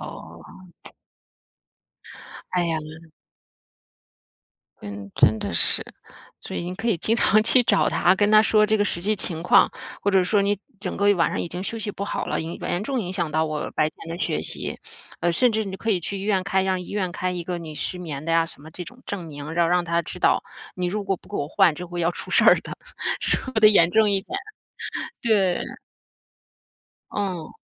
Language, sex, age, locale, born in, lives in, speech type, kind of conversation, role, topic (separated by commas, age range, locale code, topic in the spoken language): Chinese, female, 40-44, zh-CN, China, United States, conversation, advice, advisor, 我总因未知而焦虑紧张，该如何应对？